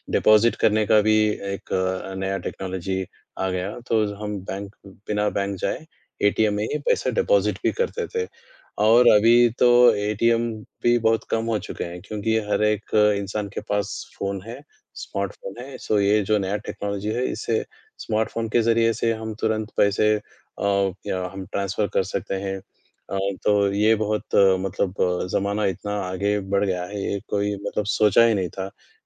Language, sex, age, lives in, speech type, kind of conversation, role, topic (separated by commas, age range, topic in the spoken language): Hindi, male, 20-24, India, conversation, unstructured, none, तकनीक ने काम करने के तरीके को कैसे बदला है?